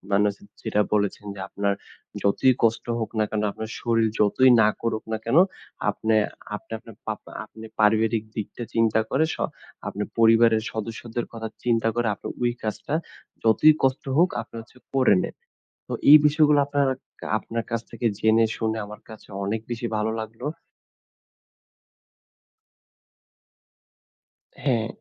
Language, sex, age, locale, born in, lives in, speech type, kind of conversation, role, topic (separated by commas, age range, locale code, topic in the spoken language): Bengali, male, 20-24, bn-BD, Bangladesh, Bangladesh, conversation, podcast, host, শরীর যদি স্পষ্টভাবে ‘না’ বলে, তখন তুমি কী করো?